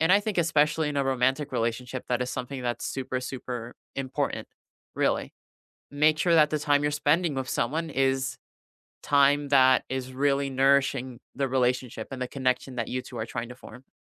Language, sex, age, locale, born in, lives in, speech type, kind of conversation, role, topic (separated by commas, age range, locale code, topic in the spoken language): English, male, 20-24, en-US, United States, United States, conversation, unstructured, none, How can simple weekly rituals help you celebrate each other’s wins and strengthen your connection?